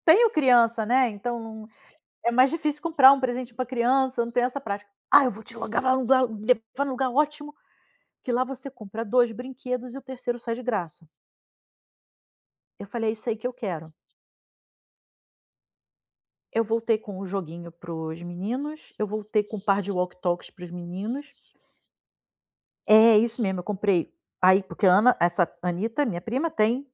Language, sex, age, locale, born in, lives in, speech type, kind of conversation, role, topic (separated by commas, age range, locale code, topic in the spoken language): Portuguese, female, 40-44, pt-BR, Brazil, Spain, conversation, advice, user, Como posso evitar gastar demais quando faço compras?